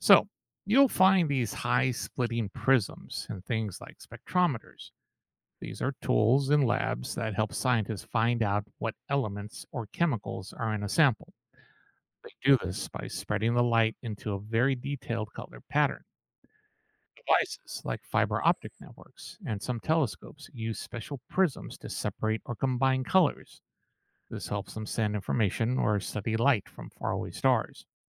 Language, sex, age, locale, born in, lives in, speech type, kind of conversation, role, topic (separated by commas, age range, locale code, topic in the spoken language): English, male, 55-59, en-US, United States, United States, read, expert-assistant, expert, How does a prism split white light into different colors?